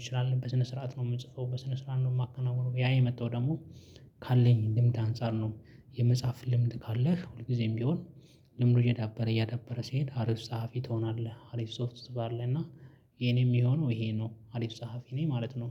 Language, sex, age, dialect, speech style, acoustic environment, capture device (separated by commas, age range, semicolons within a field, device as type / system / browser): Amharic, male, 25-34, Ethiopia - Addis Ababa, focused, nature, mobile / Linux / Chrome